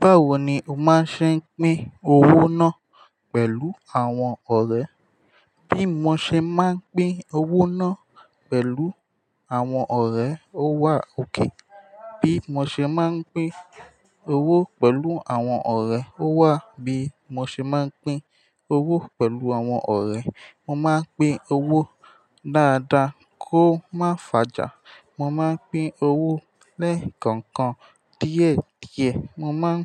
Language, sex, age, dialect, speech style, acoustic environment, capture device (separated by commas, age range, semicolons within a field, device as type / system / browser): Yoruba, male, 18-24, Nigeria - Ijebu, happy, silence, mobile / Linux / Chrome